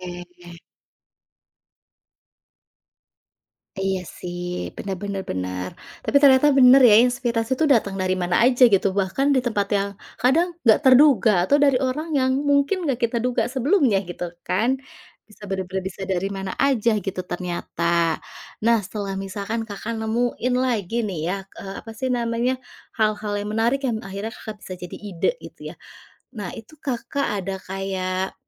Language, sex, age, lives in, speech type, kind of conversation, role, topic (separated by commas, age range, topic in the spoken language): Indonesian, female, 35-39, Indonesia, conversation, podcast, host, Trik sederhana apa yang selalu kamu pakai untuk keluar dari kebuntuan?